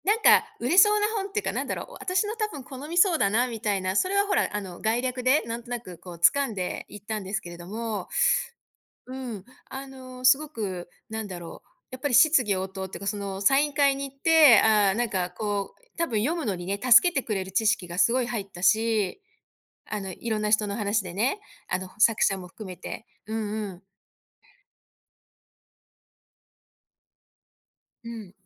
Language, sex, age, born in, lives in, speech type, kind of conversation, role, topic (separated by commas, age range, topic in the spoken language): Japanese, female, 55-59, Japan, United States, conversation, podcast, guest, 今の趣味でいちばん楽しい瞬間はどんなときですか？